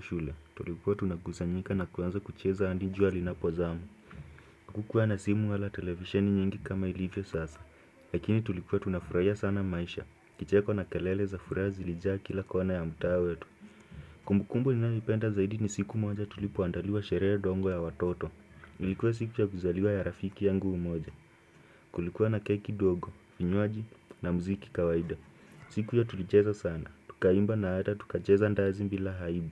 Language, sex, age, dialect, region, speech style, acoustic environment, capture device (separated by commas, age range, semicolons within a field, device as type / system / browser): Swahili, male, 18-24, Kenya - Nairobi Sheng-influenced, Kenya, focused, silence, desktop / Windows / Chrome